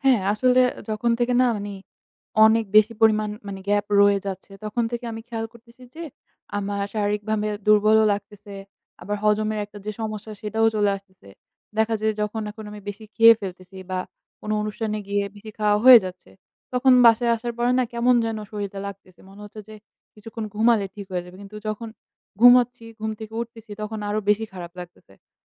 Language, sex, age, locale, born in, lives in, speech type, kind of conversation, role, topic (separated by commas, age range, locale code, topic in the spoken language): Bengali, female, 20-24, bn-BD, Bangladesh, Bangladesh, conversation, advice, user, ব্যায়াম করতে অনুপ্রেরণা কেন হারিয়ে যায়?